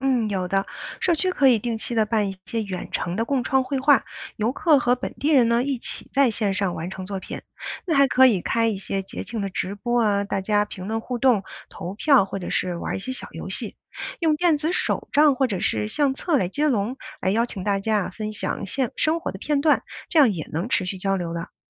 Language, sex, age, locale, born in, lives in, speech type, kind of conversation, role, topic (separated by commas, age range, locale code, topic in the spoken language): Chinese, female, 40-44, zh-CN, China, United States, read, expert-assistant, expert, 你觉得我们可以怎么用新奇的方式，让游客更有意思地体验当地人的日常生活？